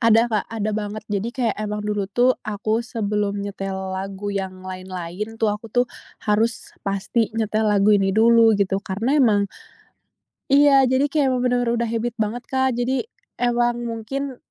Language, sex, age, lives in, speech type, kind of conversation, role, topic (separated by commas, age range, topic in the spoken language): Indonesian, female, 20-24, Indonesia, conversation, podcast, guest, Pernahkah kamu menghapus lagu dari daftar putarmu karena terlalu menyakitkan?